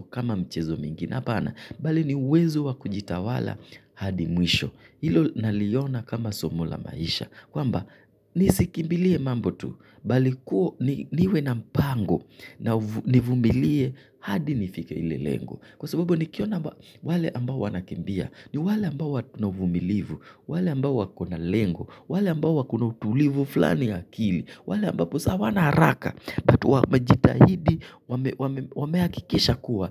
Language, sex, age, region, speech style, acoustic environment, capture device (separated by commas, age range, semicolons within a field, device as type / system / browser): Swahili, male, 25-34, Kenya, relaxed, nature, mobile / Linux / Chrome